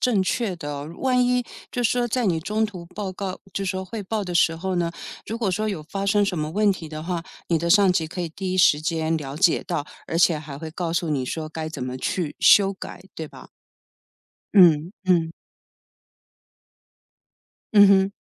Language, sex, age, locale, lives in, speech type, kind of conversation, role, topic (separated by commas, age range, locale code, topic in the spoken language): Chinese, female, 55-59, zh-TW, United States, conversation, podcast, host, 遇到职业倦怠时，你会如何调整自己？